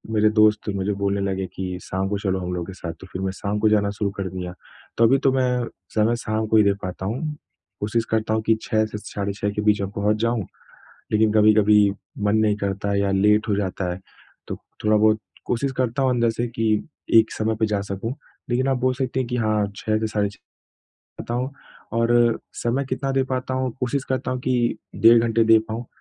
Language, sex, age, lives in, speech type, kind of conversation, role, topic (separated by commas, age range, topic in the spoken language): Hindi, male, 25-29, India, conversation, advice, user, प्रेरणा बनाए रखना और प्रगति आँकना